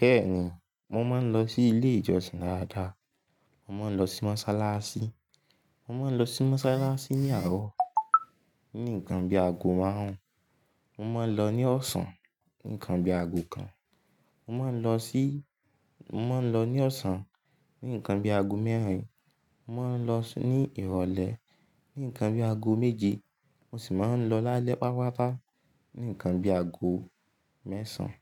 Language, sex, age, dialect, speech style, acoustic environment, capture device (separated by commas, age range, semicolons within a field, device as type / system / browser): Yoruba, male, 18-24, Nigeria - Ibadan, focused; relaxed, silence, mobile / Linux / Chrome